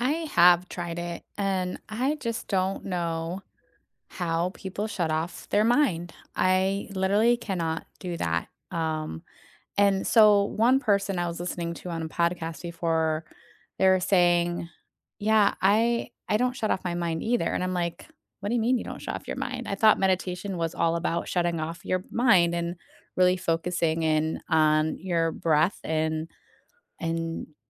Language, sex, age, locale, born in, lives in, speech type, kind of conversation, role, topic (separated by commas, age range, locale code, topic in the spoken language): English, female, 40-44, en-US, United States, United States, conversation, unstructured, none, What small daily rituals can make your mornings better?